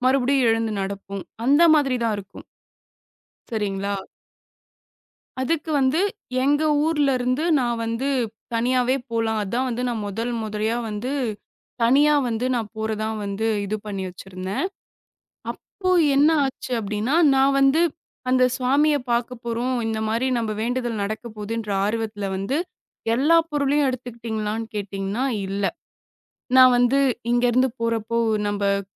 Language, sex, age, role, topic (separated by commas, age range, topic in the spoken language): Tamil, female, 25-29, guest, பாதுகாப்பான தனிப் பயணத்தை எப்படி திட்டமிடுவது?